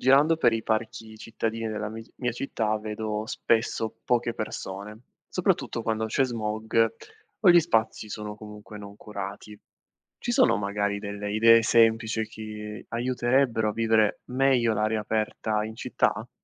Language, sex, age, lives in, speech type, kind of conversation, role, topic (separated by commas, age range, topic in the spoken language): Italian, male, 25-29, Italy, read, expert-assistant, asker, Come possiamo rendere i parchi cittadini più vivi e partecipati?